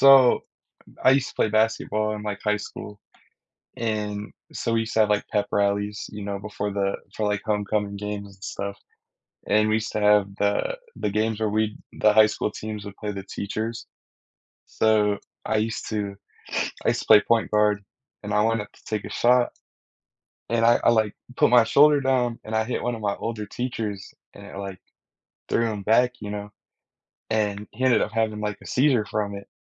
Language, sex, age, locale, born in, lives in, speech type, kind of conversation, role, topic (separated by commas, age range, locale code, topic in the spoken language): English, male, 18-19, en-US, United States, United States, conversation, unstructured, none, What is a memory that others find funny but you find painful?